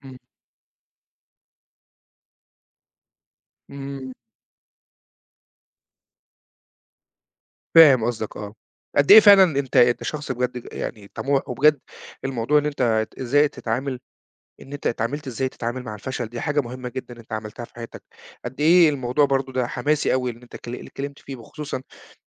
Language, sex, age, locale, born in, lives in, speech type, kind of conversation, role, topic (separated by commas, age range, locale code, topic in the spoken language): Arabic, male, 40-44, ar-EG, Egypt, Portugal, conversation, podcast, host, إزاي بتتعامل مع الخوف من الفشل؟